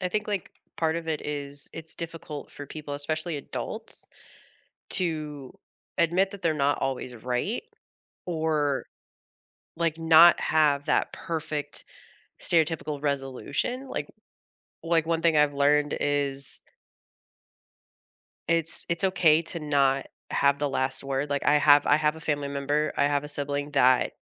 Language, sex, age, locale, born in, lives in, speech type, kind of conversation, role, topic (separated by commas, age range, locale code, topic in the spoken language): English, female, 35-39, en-US, United States, United States, conversation, unstructured, none, How do you handle disagreements with family members?